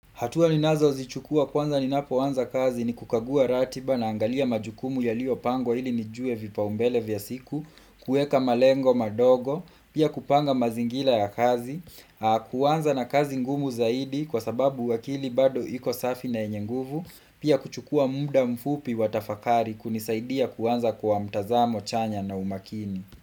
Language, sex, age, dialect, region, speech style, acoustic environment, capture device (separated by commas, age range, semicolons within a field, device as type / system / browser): Swahili, male, 25-34, Kenya - Kiambu, Kenya, relaxed, nature, desktop / Windows / Chrome